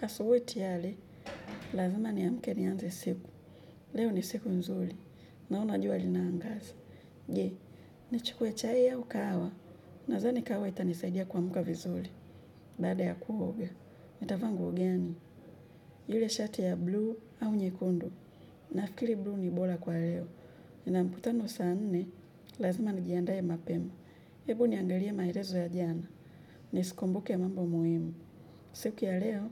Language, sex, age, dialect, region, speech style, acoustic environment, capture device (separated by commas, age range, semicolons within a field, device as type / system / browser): Swahili, female, 25-34, Kenya - Nairobi Sheng-influenced, Kenya, relaxed, nature, mobile / Linux / Chrome